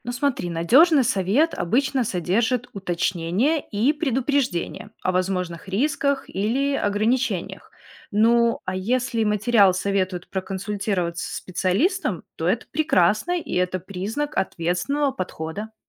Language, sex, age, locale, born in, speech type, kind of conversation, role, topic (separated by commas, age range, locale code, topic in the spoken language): Russian, female, 30-34, ru-RU, Belarus, read, expert-assistant, expert, Как понять, что информация о психическом здоровье в интернете действительно заслуживает доверия?